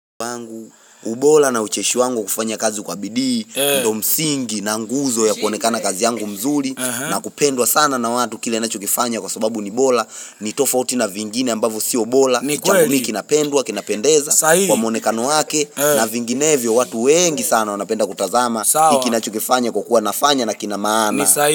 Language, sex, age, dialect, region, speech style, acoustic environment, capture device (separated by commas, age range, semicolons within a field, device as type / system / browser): Swahili, male, 25-34, Tanzania - Dar es Salaam, Tanzania, excited, people talking, mobile / Linux / Chrome